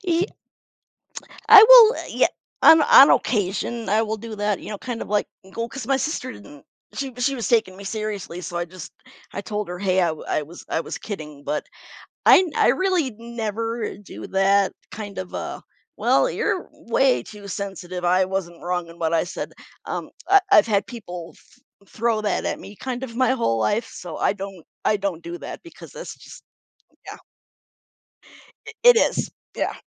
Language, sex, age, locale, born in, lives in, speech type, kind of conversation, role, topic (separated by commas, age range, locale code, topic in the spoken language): English, female, 45-49, en-US, United States, United States, conversation, advice, user, How do I apologize sincerely and overcome guilt after hurting someone's feelings?